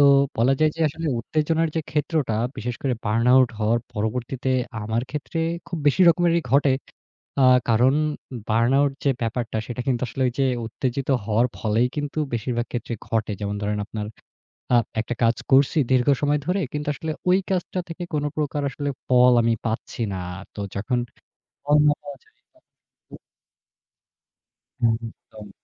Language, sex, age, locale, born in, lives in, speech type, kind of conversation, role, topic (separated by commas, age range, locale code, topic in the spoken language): Bengali, male, 25-29, bn-BD, Bangladesh, Bangladesh, conversation, podcast, guest, বার্নআউট হলে আপনি সাধারণত কীভাবে আবার স্বাভাবিক ছন্দে ফিরে আসেন?